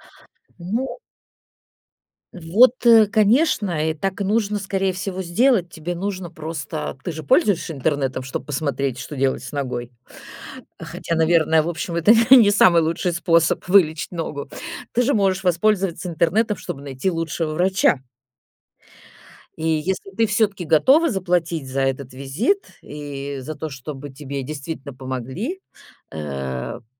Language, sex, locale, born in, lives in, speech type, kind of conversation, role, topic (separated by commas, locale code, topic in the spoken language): Russian, female, ru-RU, Russia, Italy, conversation, advice, advisor, Как преодолеть неуверенность в выборе терапии или способа восстановления?